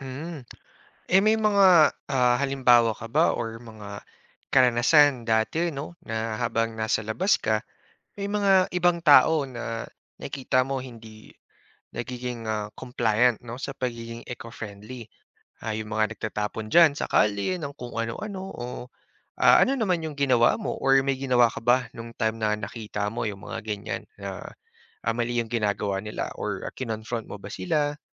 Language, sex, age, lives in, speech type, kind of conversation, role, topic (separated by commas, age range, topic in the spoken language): Filipino, male, 30-34, Philippines, conversation, podcast, host, Ano ang puwedeng gawin ng isang pamilya para maging mas makakalikasan?